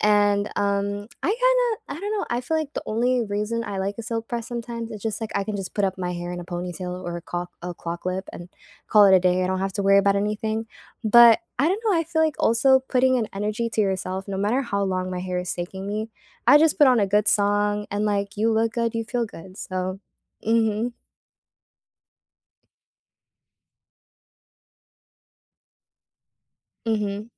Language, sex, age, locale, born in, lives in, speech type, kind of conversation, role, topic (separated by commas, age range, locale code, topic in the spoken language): English, female, 18-19, en-US, United States, United States, conversation, unstructured, none, What helps you feel comfortable in your own skin?